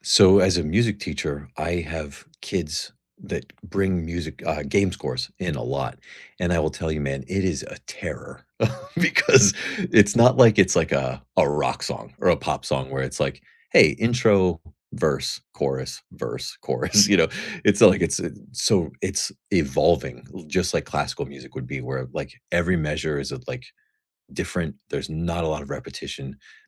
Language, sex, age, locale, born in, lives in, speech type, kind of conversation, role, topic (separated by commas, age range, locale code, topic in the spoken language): English, male, 40-44, en-US, United States, United States, conversation, unstructured, none, What habit are you proud of sticking with, and what helped you keep it going?